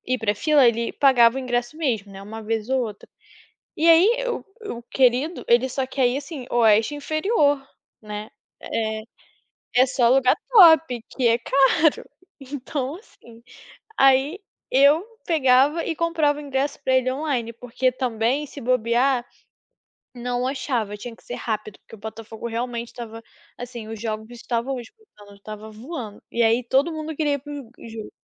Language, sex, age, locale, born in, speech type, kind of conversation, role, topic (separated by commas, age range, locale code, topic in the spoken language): Portuguese, female, 20-24, pt-BR, Brazil, conversation, podcast, guest, Como a tecnologia mudou a relação entre avós e netos?